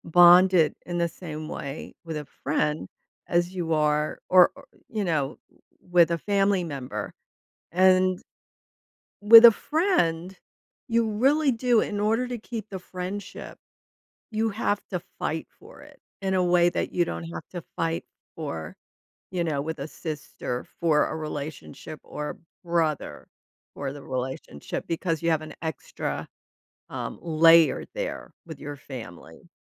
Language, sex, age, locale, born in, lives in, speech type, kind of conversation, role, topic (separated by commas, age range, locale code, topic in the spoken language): English, female, 70-74, en-US, United States, United States, conversation, podcast, host, In what ways do our friendships influence the people we become over time?